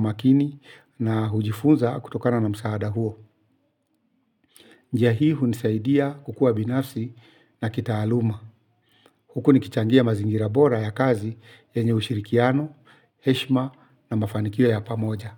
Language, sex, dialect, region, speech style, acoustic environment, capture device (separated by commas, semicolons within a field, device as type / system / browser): Swahili, male, Kenya - Nairobi Sheng-influenced, Kenya, excited; focused; relaxed, silence, mobile / Linux / Chrome